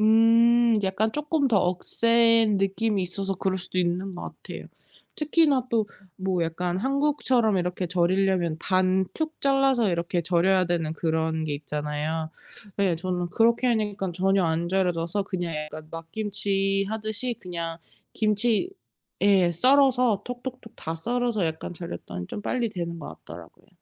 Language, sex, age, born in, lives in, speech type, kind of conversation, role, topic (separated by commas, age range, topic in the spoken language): Korean, female, 35-39, South Korea, United States, conversation, unstructured, none, 최근에 맛있게 먹은 음식이 있나요?